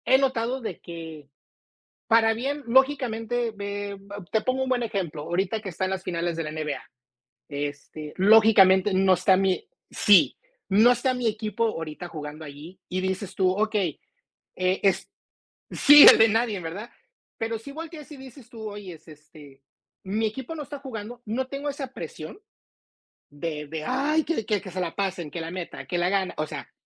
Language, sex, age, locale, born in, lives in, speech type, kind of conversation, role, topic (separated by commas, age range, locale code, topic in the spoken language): Spanish, male, 45-49, es-MX, United States, United States, conversation, unstructured, none, ¿Crees que los pasatiempos pueden ayudar a reducir el estrés?